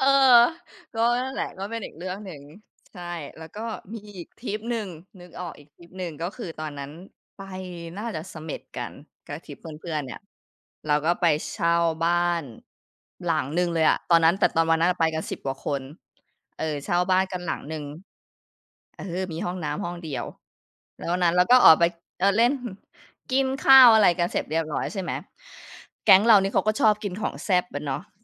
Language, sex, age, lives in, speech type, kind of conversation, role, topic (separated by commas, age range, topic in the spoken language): Thai, female, 35-39, United States, conversation, podcast, guest, เล่าเรื่องตลกที่เกิดขึ้นระหว่างเดินทางให้ฟังหน่อย?